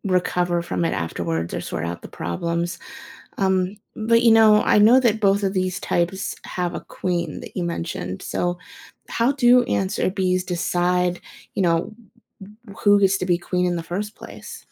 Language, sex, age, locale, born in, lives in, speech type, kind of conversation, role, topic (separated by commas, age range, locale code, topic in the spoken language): English, female, 30-34, en-US, United States, United States, read, expert-assistant, asker, Why do some animals live in groups or alone?